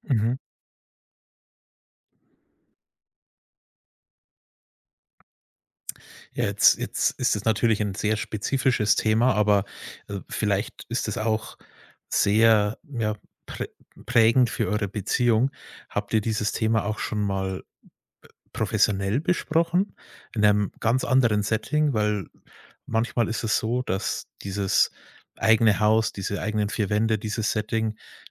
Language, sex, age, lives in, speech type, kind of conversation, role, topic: German, male, 30-34, Germany, conversation, advice, advisor, Welcher Konflikt in deiner Partnerschaft verursacht dir besonders viel Stress?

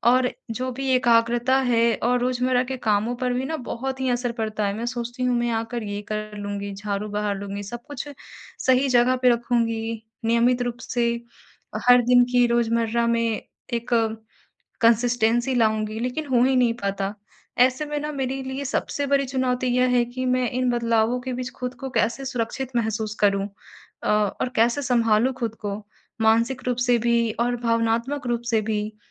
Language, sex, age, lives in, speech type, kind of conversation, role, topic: Hindi, female, 55-59, India, conversation, advice, user, इस बदलाव के दौरान मैं अपनी रोज़मर्रा की सुरक्षा और भावनात्मक स्थिरता कैसे पा सकता/सकती हूँ?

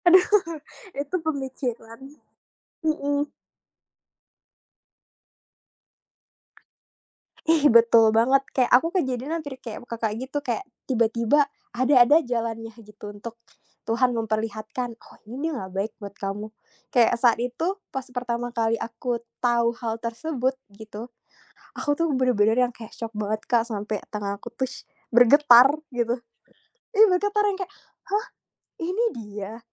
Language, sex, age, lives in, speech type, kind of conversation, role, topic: Indonesian, female, 25-29, Indonesia, conversation, unstructured, none, Menurutmu, seberapa penting kejujuran dalam cinta?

